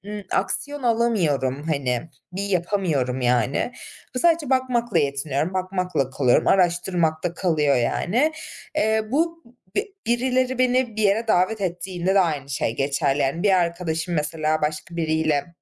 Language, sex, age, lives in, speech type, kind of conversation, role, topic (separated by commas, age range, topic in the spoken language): Turkish, female, 25-29, Germany, conversation, advice, user, Sosyal etkinliklerde kaygı yaşadığınızda kaçma isteğiyle nasıl başa çıkıyorsunuz?